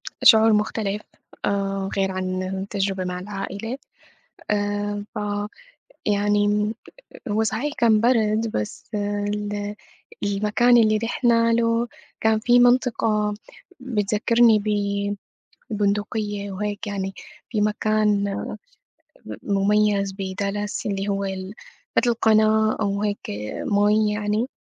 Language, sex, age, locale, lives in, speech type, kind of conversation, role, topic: Arabic, female, 25-29, ar-SY, United States, conversation, unstructured, none, إيه أحسن مكان زرته وليه شايفه مميز؟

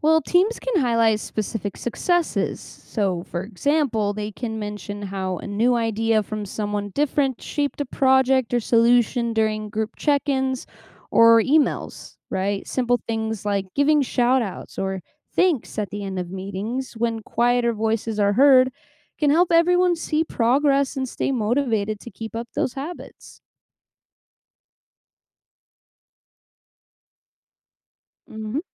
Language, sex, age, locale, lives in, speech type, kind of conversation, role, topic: English, female, 25-29, en-US, United States, read, expert-assistant, expert, What are the benefits of learning from diverse backgrounds?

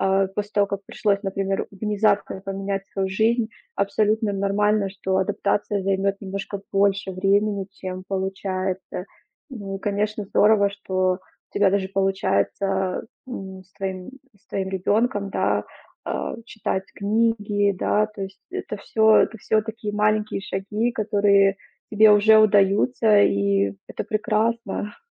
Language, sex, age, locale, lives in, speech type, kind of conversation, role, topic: Russian, female, 30-34, ru-RU, Germany, conversation, advice, advisor, По чему именно вы больше всего скучаете, когда вы вдали от дома и привычной жизни?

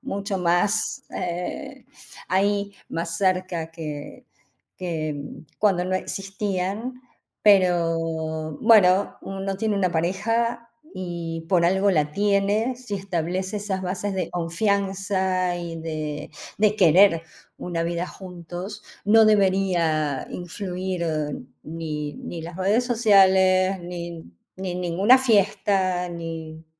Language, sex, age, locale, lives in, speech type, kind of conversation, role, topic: Spanish, female, 55-59, es-AR, Netherlands, conversation, unstructured, none, ¿Se puede perdonar una infidelidad?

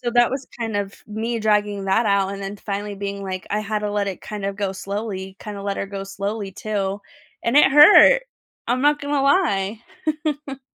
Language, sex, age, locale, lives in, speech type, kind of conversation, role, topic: English, female, 30-34, en-US, United States, conversation, unstructured, none, How do you recognize and deal with unhealthy relationships in your life?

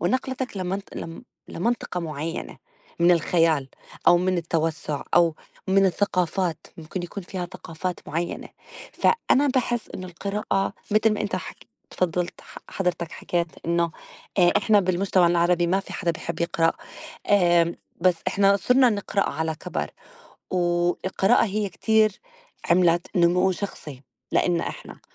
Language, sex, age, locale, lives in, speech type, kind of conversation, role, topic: Arabic, female, 35-39, ar-JO, United States, conversation, unstructured, none, إزاي القراية بتأثر على نموّك الشخصي؟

